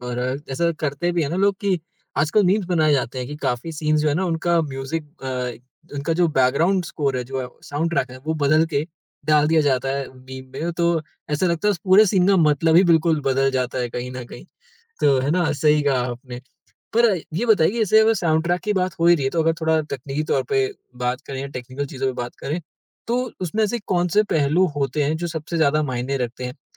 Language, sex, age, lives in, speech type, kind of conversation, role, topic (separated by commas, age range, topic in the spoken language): Hindi, male, 20-24, India, conversation, podcast, host, साउंडट्रैक किसी दृश्य को कैसे बदल देता है?